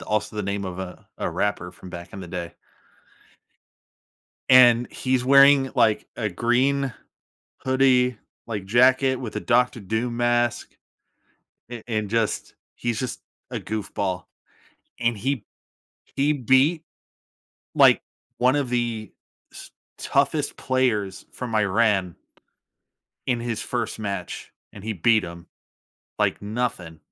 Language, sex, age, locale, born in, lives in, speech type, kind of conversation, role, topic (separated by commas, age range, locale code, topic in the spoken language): English, male, 30-34, en-US, United States, United States, conversation, podcast, guest, Why do certain memories bring us lasting happiness?